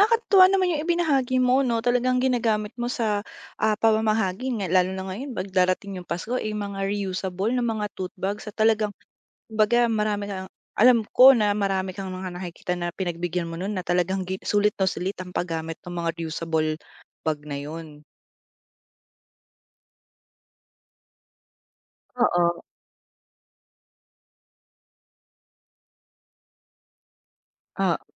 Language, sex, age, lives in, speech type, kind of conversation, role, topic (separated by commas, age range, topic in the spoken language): Filipino, female, 25-29, Philippines, conversation, podcast, host, Ano sa tingin mo ang kahalagahan ng paggamit ng paulit-ulit na bag at bote sa araw-araw na buhay ng mga Pilipino?